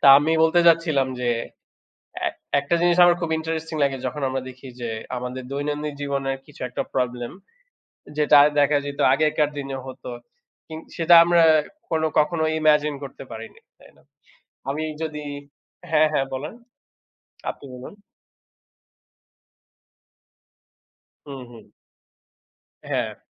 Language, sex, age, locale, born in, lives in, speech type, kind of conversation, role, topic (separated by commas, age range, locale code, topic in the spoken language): Bengali, male, 25-29, bn-BD, Bangladesh, Bangladesh, conversation, unstructured, none, প্রাচীন সভ্যতা থেকে আমরা কী কী শিক্ষা নিতে পারি?